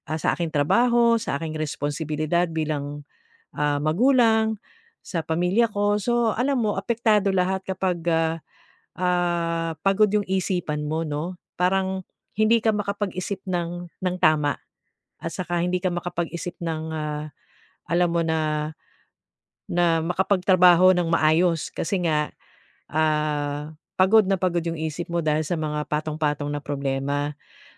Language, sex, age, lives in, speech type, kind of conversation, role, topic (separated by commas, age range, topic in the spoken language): Filipino, female, 45-49, Philippines, conversation, advice, user, Paano ko maibabalik ang pokus ko pagkatapos mapagod ang isip ko?